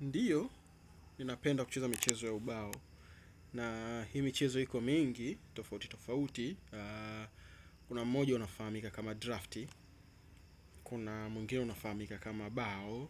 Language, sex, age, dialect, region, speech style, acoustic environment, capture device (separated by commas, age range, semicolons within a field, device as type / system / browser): Swahili, male, 25-34, Tanzania - Dar es Salaam, Tanzania, excited, appliances; construction; nature, desktop / Windows / Chrome